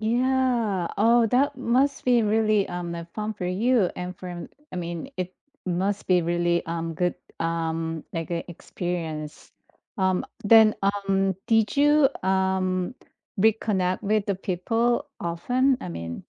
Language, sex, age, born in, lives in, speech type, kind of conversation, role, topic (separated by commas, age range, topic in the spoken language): English, female, 50-54, South Korea, United States, conversation, unstructured, none, What makes someone give up on a hobby they once loved?